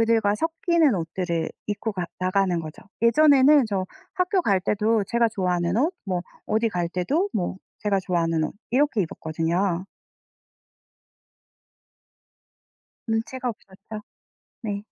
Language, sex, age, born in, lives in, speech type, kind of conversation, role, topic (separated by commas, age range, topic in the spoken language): Korean, female, 40-44, South Korea, France, conversation, podcast, guest, 유행을 따르나요, 아니면 자신의 길을 가나요?